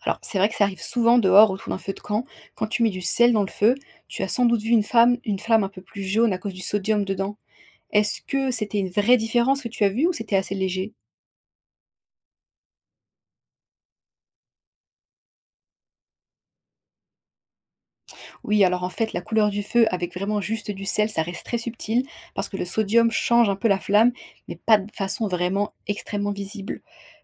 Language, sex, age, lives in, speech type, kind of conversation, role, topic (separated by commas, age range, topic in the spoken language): French, female, 25-29, France, read, expert-assistant, expert, Qu’est-ce qui rend le feu chaud et lumineux ?